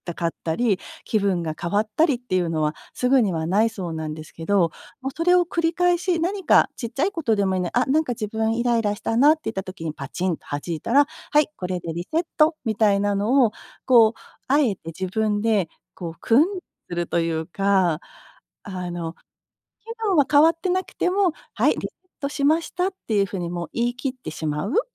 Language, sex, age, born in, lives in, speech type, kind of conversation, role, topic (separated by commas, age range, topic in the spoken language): Japanese, female, 50-54, Japan, United States, conversation, advice, advisor, やる気が下がったとき、どうすればもう一度動き出せますか？